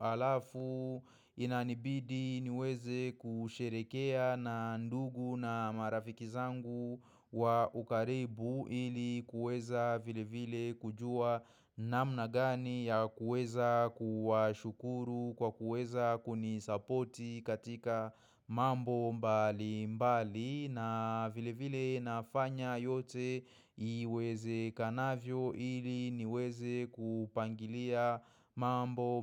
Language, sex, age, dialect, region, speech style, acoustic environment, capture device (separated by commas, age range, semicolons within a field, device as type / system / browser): Swahili, male, 25-34, Kenya - Nairobi Sheng-influenced, Kenya, focused, silence, mobile / macOS / Safari